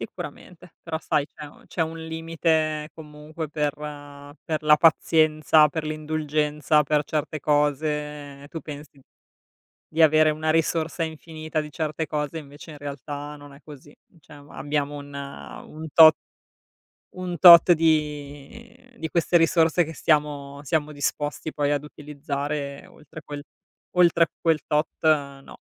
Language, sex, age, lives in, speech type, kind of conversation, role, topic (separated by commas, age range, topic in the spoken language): Italian, female, 35-39, Italy, conversation, unstructured, none, Hai mai nascosto parti di te per paura del giudizio?